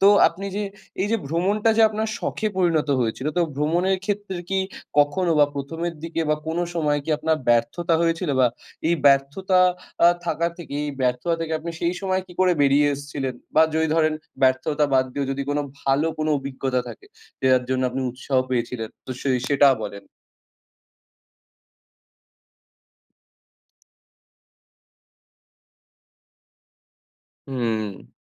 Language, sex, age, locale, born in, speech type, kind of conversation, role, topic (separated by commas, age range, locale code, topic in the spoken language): Bengali, male, 20-24, bn-IN, India, conversation, podcast, host, আপনার শখ কীভাবে শুরু হয়েছিল?